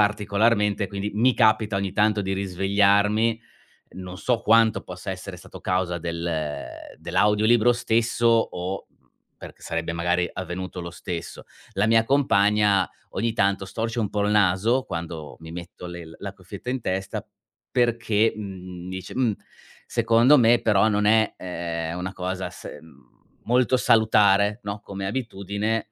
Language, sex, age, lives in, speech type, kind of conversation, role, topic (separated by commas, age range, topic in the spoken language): Italian, male, 40-44, Germany, conversation, advice, user, Come posso addormentarmi quando la sera ho pensieri intrusivi che mi tengono sveglio?